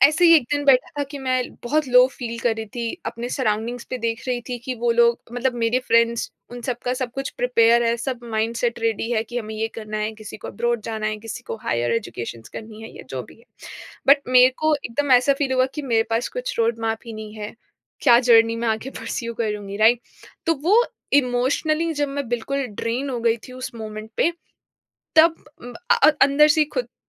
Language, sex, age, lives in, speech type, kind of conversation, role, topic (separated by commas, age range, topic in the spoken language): Hindi, female, 25-29, India, conversation, podcast, guest, Busy din mein aap mindfulness ko apne routine mein kaise shamil karte ho, aur kya aapke paas koi practical tips hain?